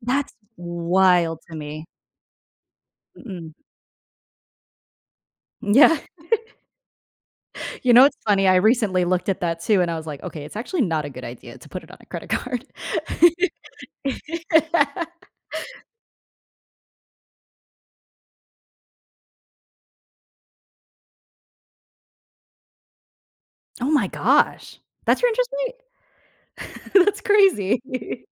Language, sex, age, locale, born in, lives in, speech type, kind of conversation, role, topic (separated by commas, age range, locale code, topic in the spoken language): English, female, 25-29, en-US, United States, United States, conversation, podcast, host, How can you use credit cards wisely to improve your financial well-being?